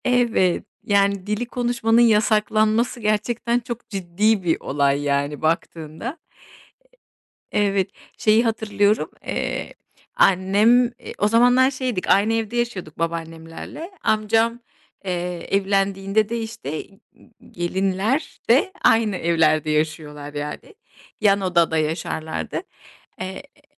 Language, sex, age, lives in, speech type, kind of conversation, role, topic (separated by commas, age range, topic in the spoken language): Turkish, female, 40-44, Spain, conversation, podcast, guest, Evde kim hangi dili konuşurdu ve bu durum seni nasıl etkiledi?